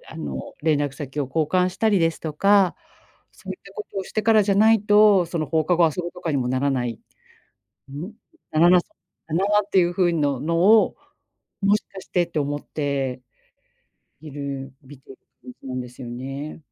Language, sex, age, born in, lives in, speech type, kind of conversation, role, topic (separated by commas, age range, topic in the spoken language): Japanese, female, 45-49, Japan, Japan, conversation, advice, user, 引越しや転職を機に生活リズムを作り直すにはどうすればよいですか？